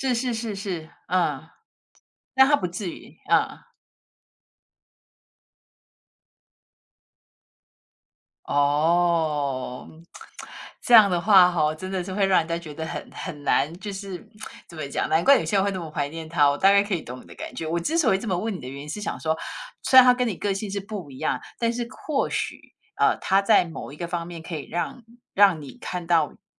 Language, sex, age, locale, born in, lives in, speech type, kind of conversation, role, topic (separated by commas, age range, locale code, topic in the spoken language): Chinese, female, 50-54, zh-TW, Taiwan, United States, conversation, unstructured, none, 恋爱中最让你感动的瞬间是什么？